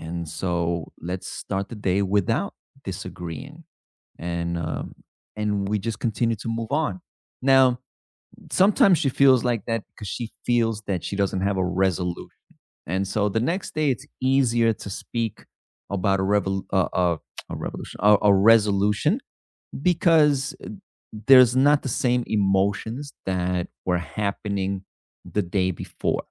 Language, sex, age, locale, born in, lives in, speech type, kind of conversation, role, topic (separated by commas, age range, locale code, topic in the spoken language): English, male, 35-39, en-US, United States, United States, conversation, unstructured, none, How do you usually handle disagreements with others?